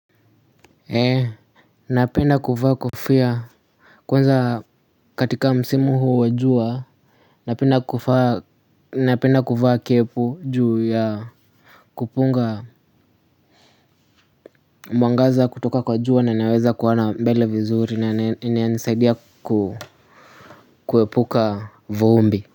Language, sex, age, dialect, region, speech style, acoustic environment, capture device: Swahili, male, 18-24, Kenya - Nairobi Sheng-influenced, Kenya, relaxed, silence, mobile / Linux / Chrome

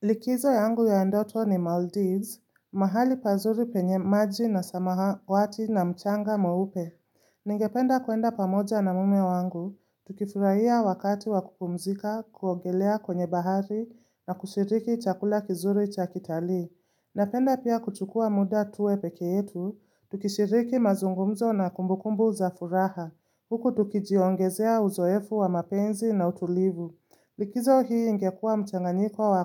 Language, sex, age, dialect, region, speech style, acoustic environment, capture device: Swahili, female, 25-34, Kenya - Nairobi Sheng-influenced, Kenya, relaxed, silence, mobile / Linux / Chrome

